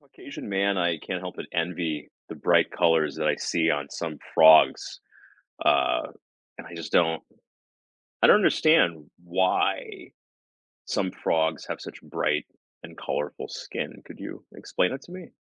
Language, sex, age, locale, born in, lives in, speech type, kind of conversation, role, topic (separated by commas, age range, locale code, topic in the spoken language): English, male, 40-44, en-US, United States, United States, read, expert-assistant, asker, Why do some frogs have bright colors?